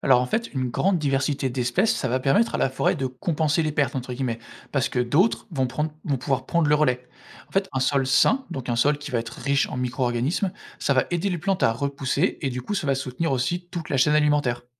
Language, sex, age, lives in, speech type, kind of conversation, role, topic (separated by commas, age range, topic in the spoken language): French, male, 25-29, France, read, expert-assistant, expert, Comment les écosystèmes se régulent-ils naturellement, et quelles en sont les conséquences si cet équilibre est perturbé ?